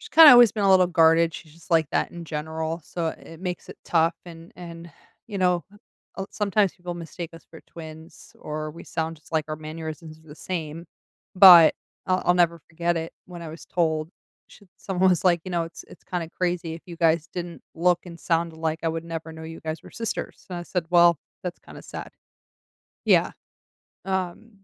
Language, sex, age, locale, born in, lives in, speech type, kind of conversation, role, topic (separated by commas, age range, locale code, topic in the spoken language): English, female, 40-44, en-US, United States, United States, conversation, advice, user, How can I build stronger friendships?